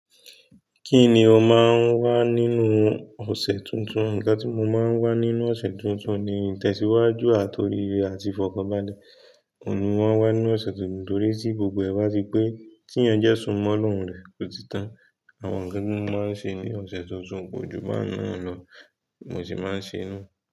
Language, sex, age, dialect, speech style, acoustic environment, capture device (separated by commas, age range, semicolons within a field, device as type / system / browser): Yoruba, male, 25-34, Nigeria - Nigerian Standard, tired, nature; music; people talking; traffic; construction, mobile / Linux / Chrome